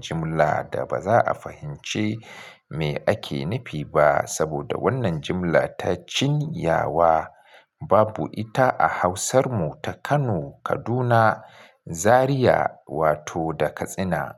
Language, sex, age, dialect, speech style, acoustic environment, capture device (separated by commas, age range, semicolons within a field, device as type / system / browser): Hausa, male, 35-44, Nigeria - Kano, focused; relaxed, nature, mobile / Linux / Chrome